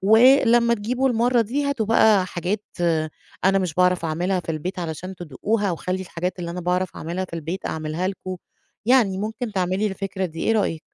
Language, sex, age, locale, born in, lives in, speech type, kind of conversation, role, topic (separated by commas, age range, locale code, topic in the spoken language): Arabic, female, 35-39, ar-EG, Egypt, Egypt, conversation, advice, advisor, إزاي أتعامل مع مقاومة أفراد الأسرة لتغيير نظام الأكل في البيت؟